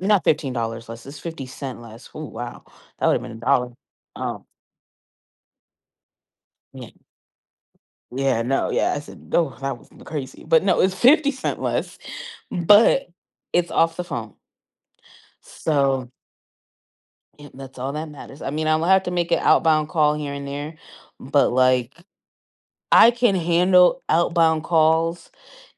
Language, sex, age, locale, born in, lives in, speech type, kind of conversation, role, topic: English, female, 25-29, en-US, United States, United States, conversation, advice, user, How can I make a strong positive impression in my new job?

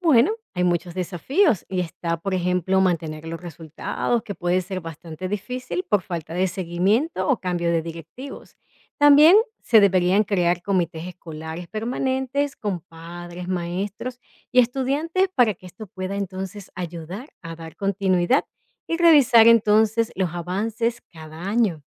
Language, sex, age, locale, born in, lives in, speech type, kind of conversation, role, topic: Spanish, female, 40-44, es-VE, Venezuela, United States, read, expert-assistant, expert, ¿Cuál es mejor alternativa para reducir el consumo de bebidas azucaradas: aplicar impuestos o regular la publicidad dirigida a niños?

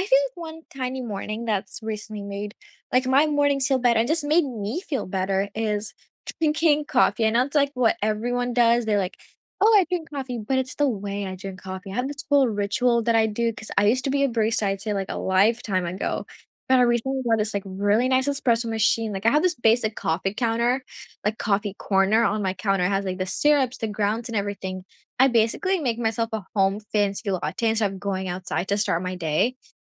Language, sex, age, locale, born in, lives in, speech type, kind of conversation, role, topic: English, female, 18-19, en-US, India, United States, conversation, unstructured, none, What is one small morning habit that has recently improved your mornings, and how did you start it?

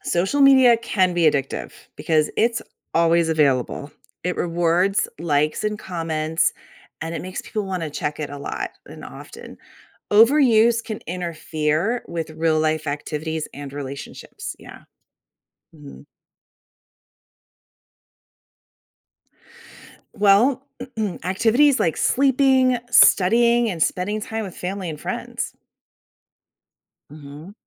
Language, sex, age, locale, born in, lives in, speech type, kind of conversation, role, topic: English, female, 45-49, en-US, Germany, United States, read, expert-assistant, expert, What impact does social media have on mental health?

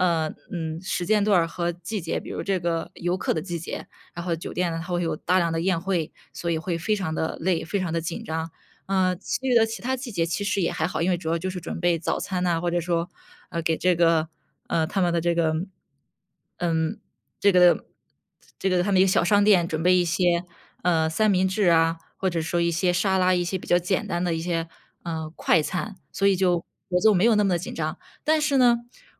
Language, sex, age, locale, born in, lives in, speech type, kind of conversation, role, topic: Chinese, female, 25-29, zh-CN, China, United States, conversation, advice, user, 下班后总是精疲力尽，怎么才能恢复精力？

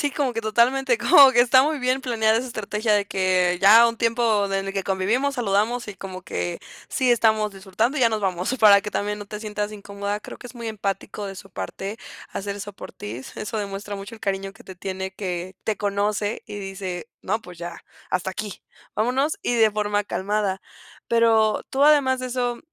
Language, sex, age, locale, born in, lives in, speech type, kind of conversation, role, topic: Spanish, female, 20-24, es-MX, Mexico, Mexico, conversation, advice, advisor, ¿Por qué me cuesta disfrutar cuando estoy en fiestas o reuniones grupales?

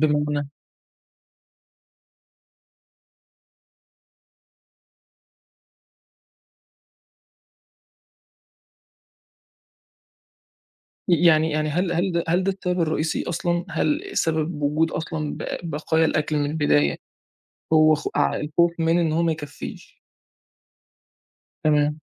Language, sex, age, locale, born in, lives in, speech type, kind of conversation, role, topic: Arabic, male, 20-24, ar-EG, Egypt, Egypt, conversation, podcast, host, إزاي بتتعامل مع بواقي الأكل بدل ما ترميها؟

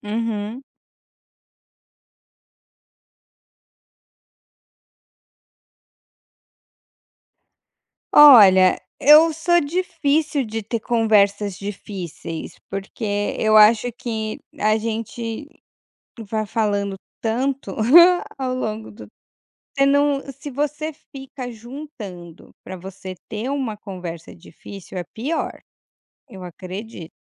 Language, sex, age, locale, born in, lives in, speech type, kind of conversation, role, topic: Portuguese, female, 35-39, pt-BR, Brazil, Portugal, conversation, podcast, guest, O que é essencial numa boa comunicação entre casais?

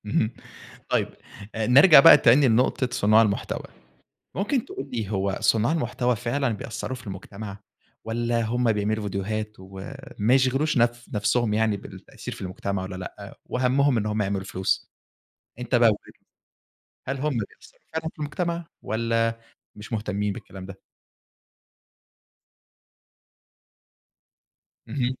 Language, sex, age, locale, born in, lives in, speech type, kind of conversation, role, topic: Arabic, male, 20-24, ar-EG, Egypt, Egypt, conversation, podcast, host, إيه اللي بيخليك تتابع قناة أو صانع محتوى؟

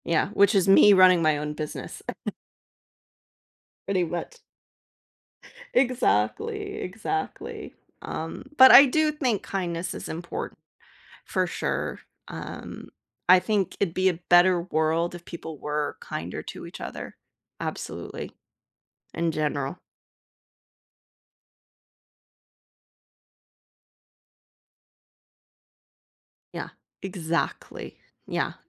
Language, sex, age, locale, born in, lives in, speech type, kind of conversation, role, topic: English, female, 35-39, en-US, United States, United States, conversation, podcast, guest, How can acts of kindness shape our relationships and well-being?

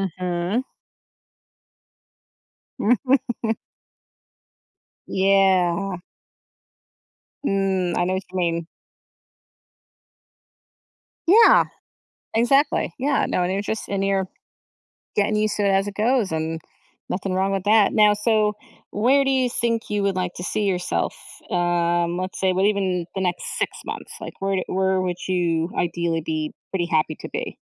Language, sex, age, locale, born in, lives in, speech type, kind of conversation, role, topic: English, female, 35-39, en-US, United States, United States, conversation, advice, advisor, How can I manage excitement and uncertainty starting a new job?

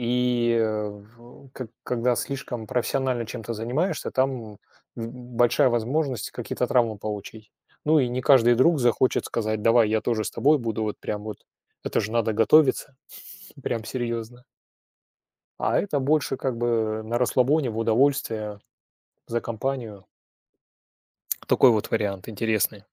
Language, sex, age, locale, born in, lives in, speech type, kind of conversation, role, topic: Russian, male, 45-49, ru-RU, Russia, Germany, conversation, unstructured, none, Стоит ли убеждать друзей попробовать ваше любимое хобби?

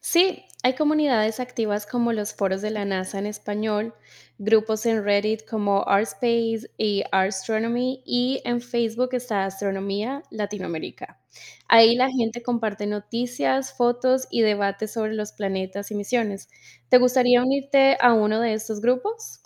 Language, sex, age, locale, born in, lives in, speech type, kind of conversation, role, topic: Spanish, female, 30-34, es-CO, Colombia, United States, read, expert-assistant, expert, ¿Sabes dónde puedo encontrar foros o grupos para conversar sobre los planetas y las nuevas misiones espaciales?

